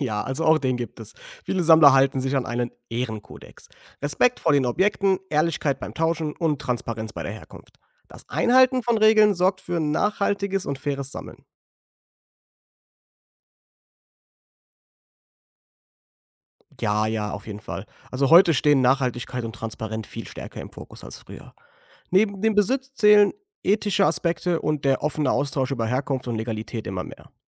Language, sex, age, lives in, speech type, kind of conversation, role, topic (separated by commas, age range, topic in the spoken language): German, male, 25-29, Germany, read, expert-assistant, expert, Warum verspüren Menschen das Bedürfnis, Dinge zu sammeln – und was sagt das über unsere Persönlichkeit und Kultur aus?